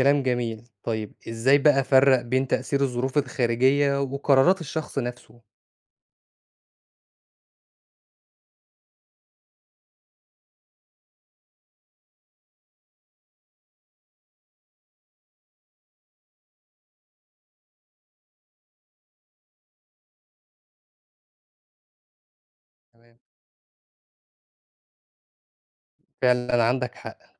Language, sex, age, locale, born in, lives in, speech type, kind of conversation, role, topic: Arabic, male, 25-29, ar-EG, Egypt, Egypt, read, expert-assistant, asker, إيه الطرق اللي ممكن تساعدني أفهم ليه في ناس ظروفهم أصعب من غيرهم في المجتمع؟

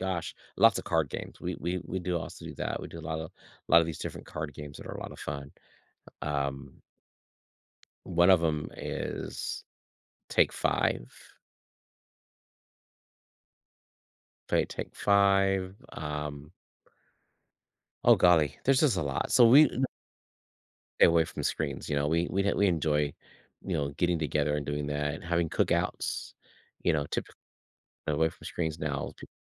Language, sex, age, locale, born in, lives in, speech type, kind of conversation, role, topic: English, male, 55-59, en-US, United States, United States, conversation, podcast, guest, How do you find a healthy balance between technology use and other aspects of your life?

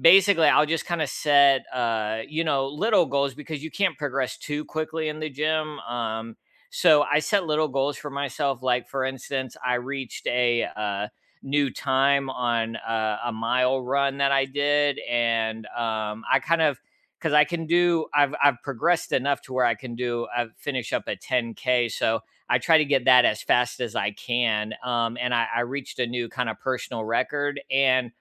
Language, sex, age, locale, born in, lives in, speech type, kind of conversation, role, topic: English, male, 35-39, en-US, United States, United States, conversation, unstructured, none, What challenge did you overcome this month, big or small?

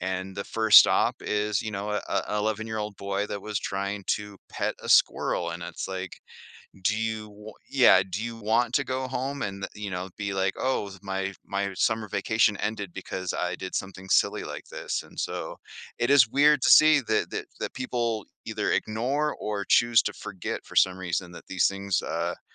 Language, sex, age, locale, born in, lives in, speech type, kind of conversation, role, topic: English, male, 40-44, en-US, Canada, United States, conversation, podcast, guest, How do meaningful connections and new experiences shape our most memorable travels?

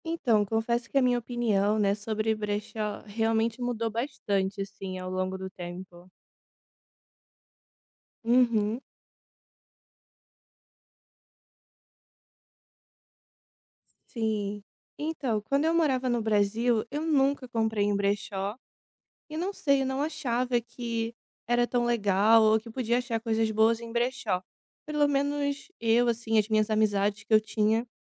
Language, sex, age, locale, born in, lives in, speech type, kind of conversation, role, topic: Portuguese, female, 25-29, pt-BR, Brazil, Italy, conversation, podcast, guest, O que você acha de comprar em brechó?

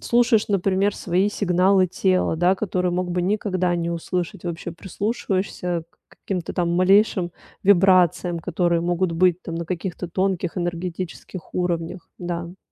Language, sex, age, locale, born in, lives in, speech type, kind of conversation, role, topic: Russian, female, 35-39, ru-RU, Russia, Italy, conversation, advice, user, Как развить самосознание, не добавляя себе лишнего стресса?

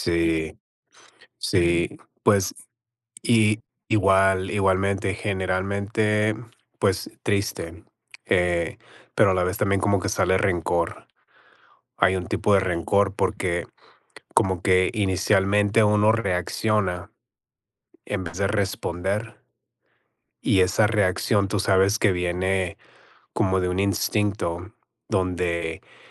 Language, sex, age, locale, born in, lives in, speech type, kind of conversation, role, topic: Spanish, male, 40-44, es-MX, United States, United States, conversation, unstructured, none, ¿Qué haces cuando un amigo te decepciona?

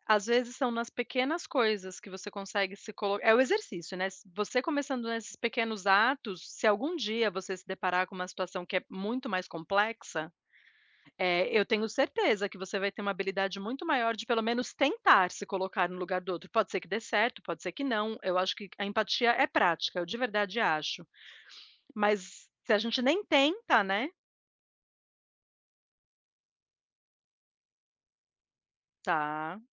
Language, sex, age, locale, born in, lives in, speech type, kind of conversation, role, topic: Portuguese, female, 40-44, pt-BR, Brazil, United States, conversation, unstructured, none, Qual é o papel da empatia na resolução de conflitos?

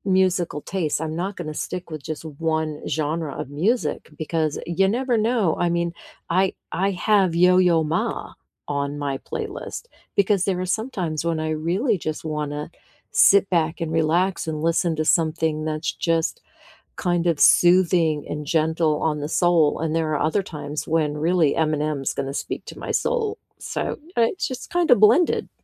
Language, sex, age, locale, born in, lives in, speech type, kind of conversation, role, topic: English, female, 55-59, en-US, United States, United States, conversation, unstructured, none, What has been keeping you company lately—music or podcasts—and why?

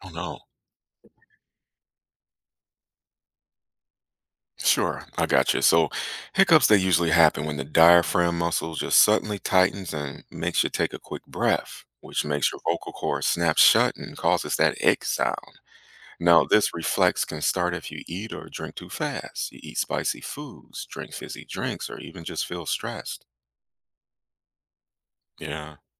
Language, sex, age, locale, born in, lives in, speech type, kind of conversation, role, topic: English, male, 35-39, en-US, United States, United States, read, expert-assistant, expert, What causes hiccups?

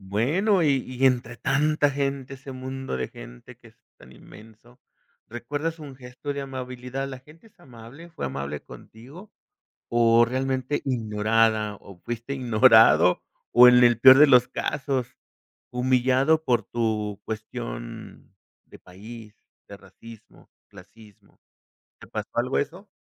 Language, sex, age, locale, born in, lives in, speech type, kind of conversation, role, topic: Spanish, male, 50-54, es-MX, Mexico, Mexico, conversation, podcast, host, ¿Qué experiencia de viaje nunca olvidarás?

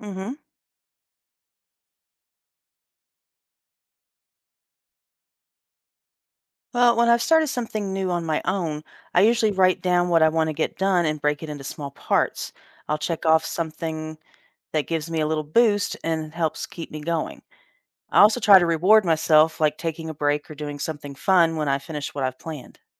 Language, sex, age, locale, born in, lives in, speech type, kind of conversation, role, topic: English, female, 50-54, en-US, United States, United States, read, expert-assistant, asker, What are the benefits and drawbacks of online learning?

